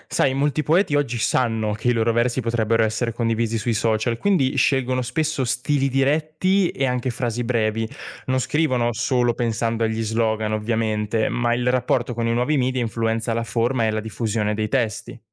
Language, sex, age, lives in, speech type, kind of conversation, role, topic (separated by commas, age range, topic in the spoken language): Italian, male, 20-24, Italy, read, expert-assistant, expert, Come mai alcune poesie diventano simboli di movimenti sociali o culturali?